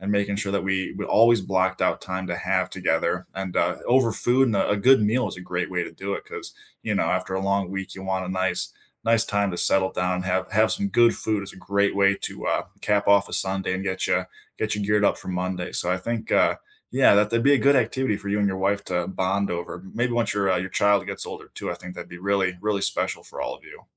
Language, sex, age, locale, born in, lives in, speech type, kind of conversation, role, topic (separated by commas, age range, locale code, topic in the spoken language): English, male, 25-29, en-US, United States, United States, conversation, unstructured, none, What was the best meal you had this month, and what made it memorable and meaningful for you?